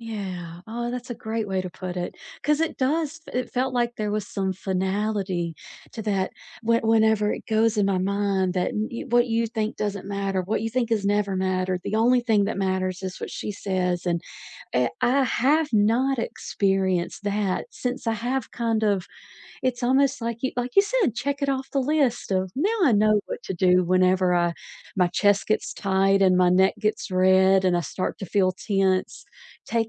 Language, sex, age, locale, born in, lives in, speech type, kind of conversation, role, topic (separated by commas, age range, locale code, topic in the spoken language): English, female, 40-44, en-US, United States, United States, conversation, unstructured, none, What’s the best way to calm down during a heated argument?